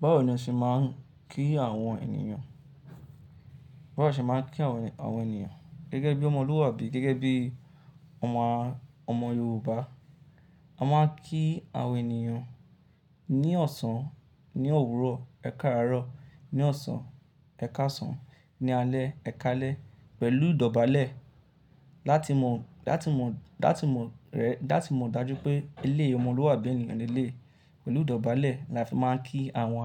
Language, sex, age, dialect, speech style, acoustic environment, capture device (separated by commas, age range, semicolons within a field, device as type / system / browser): Yoruba, male, 25-34, Nigeria - Lagos Yoruba, happy, silence, mobile / Linux / Chrome